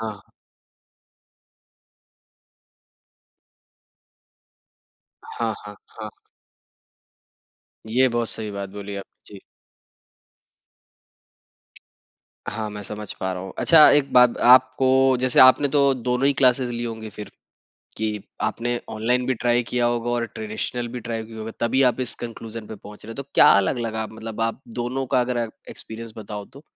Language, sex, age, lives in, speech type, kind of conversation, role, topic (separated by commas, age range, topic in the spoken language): Hindi, male, 25-29, India, conversation, podcast, host, Aapko online kurs aur classroom mein se kya zyada behtar laga?